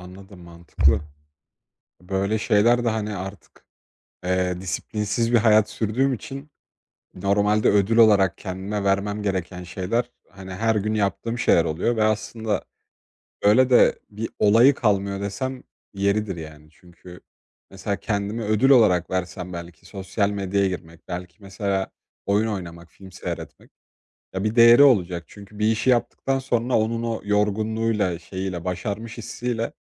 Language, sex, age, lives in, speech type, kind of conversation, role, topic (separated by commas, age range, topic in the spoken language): Turkish, male, 20-24, Poland, conversation, advice, user, Motivasyonum düştüğünde ne yapabilirim ve alternatif planları nasıl oluşturabilirim?